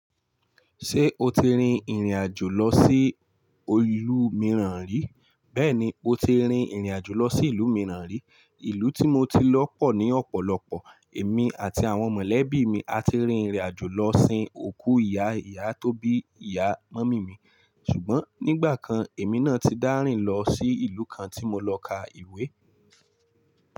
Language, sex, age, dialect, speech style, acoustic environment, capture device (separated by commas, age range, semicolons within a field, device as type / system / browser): Yoruba, male, 25-34, Nigeria - Lagos Yoruba, nervous, appliances; music; people talking, mobile / Linux / Chrome